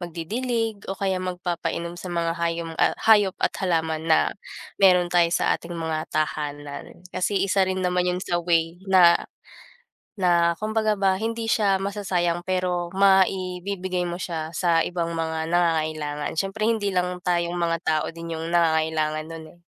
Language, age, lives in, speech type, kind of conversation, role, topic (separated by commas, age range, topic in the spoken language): Filipino, 20-24, Philippines, conversation, unstructured, none, Ano ang kahalagahan ng tubig sa kalikasan at sa ating buhay?